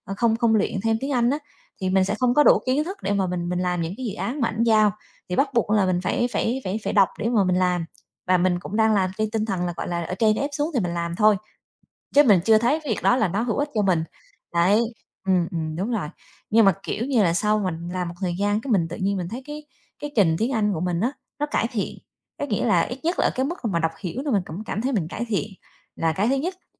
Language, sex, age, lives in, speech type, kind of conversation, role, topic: Vietnamese, female, 35-39, Vietnam, conversation, podcast, guest, Hành trình học suốt đời của bạn bắt đầu như thế nào?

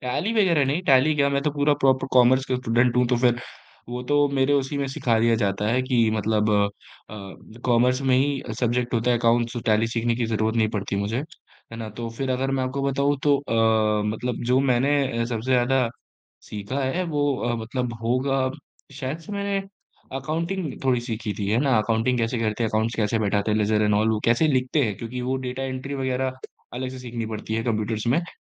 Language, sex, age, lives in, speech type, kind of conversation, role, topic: Hindi, male, 20-24, India, conversation, podcast, guest, तुमने हाल ही में कौन सा नया हुनर सीखा?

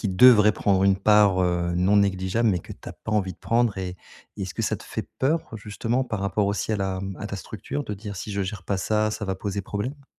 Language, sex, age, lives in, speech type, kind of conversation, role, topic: French, male, 40-44, France, conversation, advice, advisor, Comment puis-je trouver du temps pour créer régulièrement tout en gérant mes responsabilités ?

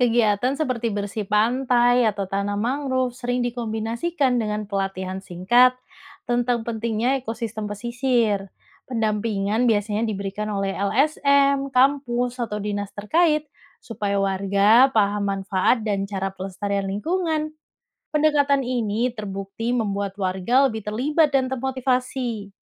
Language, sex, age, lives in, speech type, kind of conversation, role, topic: Indonesian, female, 30-34, Indonesia, read, expert-assistant, expert, Apakah manfaat dan dampak negatif dari akuakultur (budidaya laut) bagi keanekaragaman hayati laut?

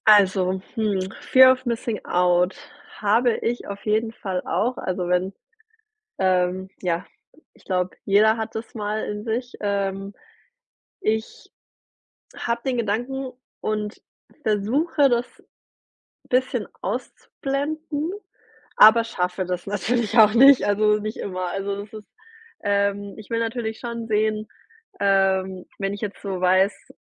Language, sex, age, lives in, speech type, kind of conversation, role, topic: German, female, 30-34, Germany, conversation, podcast, guest, Was hilft dir dabei, dich von Bildschirm und sozialen Medien zu lösen?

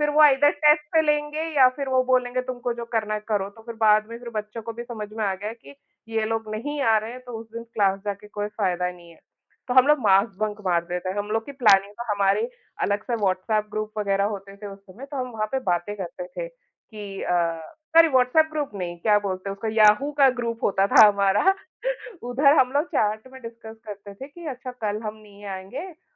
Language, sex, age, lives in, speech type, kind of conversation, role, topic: Hindi, female, 35-39, India, conversation, unstructured, none, आपका बचपन कैसा था?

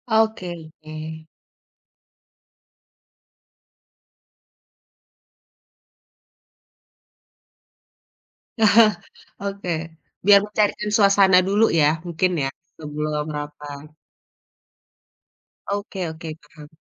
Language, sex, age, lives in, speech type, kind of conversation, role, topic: Indonesian, female, 35-39, Indonesia, conversation, podcast, host, Gimana kamu memastikan semua suara terdengar dalam rapat?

